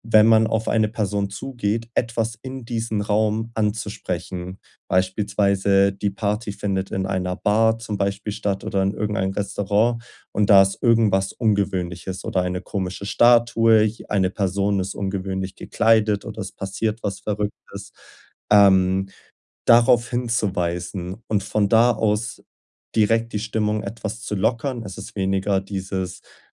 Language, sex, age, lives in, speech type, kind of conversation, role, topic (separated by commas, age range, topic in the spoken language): German, male, 20-24, Germany, conversation, advice, advisor, Wie gehe ich damit um, wenn mich Partys überfordern?